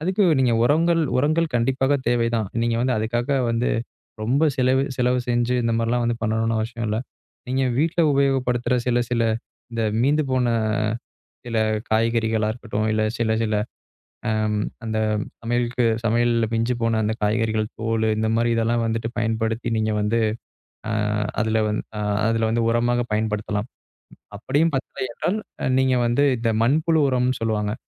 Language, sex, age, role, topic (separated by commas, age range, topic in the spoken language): Tamil, male, 25-29, guest, வீட்டுத் தோட்டம் அல்லது மாடித்தோட்டத்தில் உணவு பயிரிடும் அனுபவத்தைப் பகிர முடியுமா?